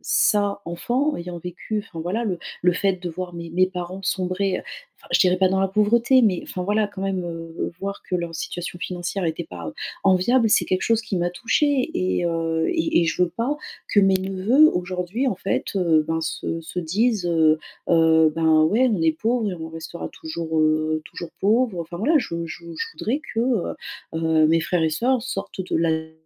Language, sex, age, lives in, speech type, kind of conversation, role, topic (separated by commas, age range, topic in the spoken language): French, female, 35-39, France, conversation, advice, user, Comment puis-je parler de mes valeurs sans blesser ma famille pendant les réunions de famille ?